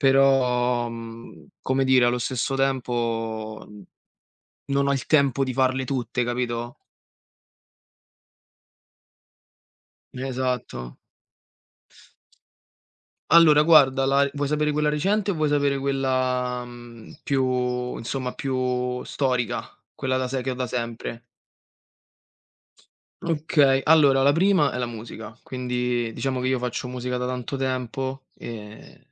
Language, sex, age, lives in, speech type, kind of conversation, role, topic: Italian, male, 25-29, Italy, conversation, unstructured, none, Quali passioni vorresti trasformare in una carriera?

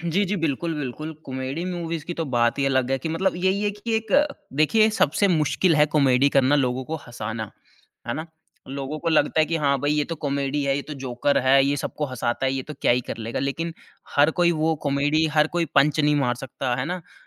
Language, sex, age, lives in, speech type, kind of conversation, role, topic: Hindi, male, 30-34, India, conversation, podcast, guest, Streaming aur theatre mein se film dekhne ka sabse behtar anubhav tumhe kaunsa lagta hai?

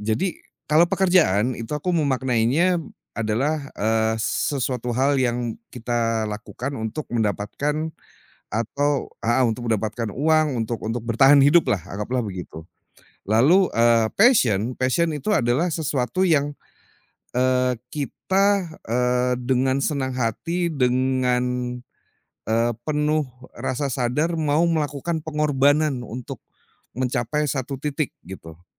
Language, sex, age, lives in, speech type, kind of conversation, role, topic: Indonesian, male, 40-44, Indonesia, conversation, podcast, guest, Kapan kamu merasa menemukan tujuan hidup atau panggilanmu?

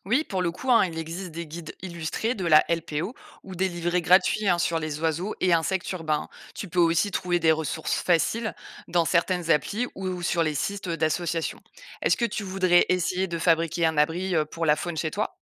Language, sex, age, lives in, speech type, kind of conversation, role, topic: French, female, 35-39, France, read, expert-assistant, expert, Quelles habitudes concrètes puis-je adopter au quotidien pour contribuer à la préservation de la faune sauvage, même en vivant en ville ?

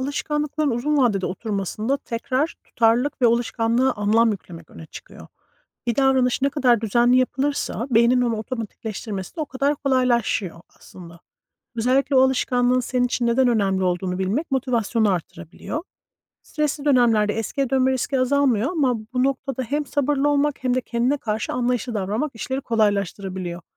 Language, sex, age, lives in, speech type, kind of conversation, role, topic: Turkish, female, 40-44, United States, read, expert-assistant, expert, İnsan Kişiliği Zamanla Değişir mi Yoksa Aynı mı Kalır?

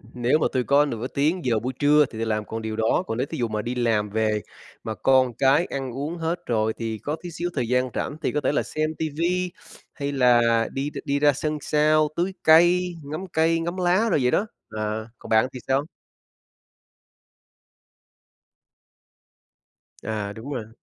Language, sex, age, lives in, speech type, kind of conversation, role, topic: Vietnamese, male, 40-44, United States, conversation, unstructured, none, Bạn thường dành thời gian rảnh để làm gì?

